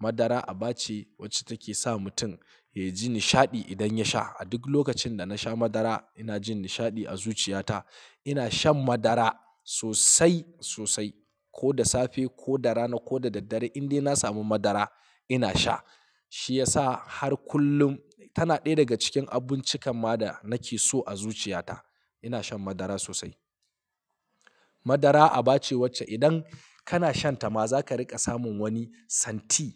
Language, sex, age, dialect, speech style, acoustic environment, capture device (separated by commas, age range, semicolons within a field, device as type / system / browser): Hausa, male, 25-34, Nigeria - Kano, happy; excited, silence, mobile / Linux / Chrome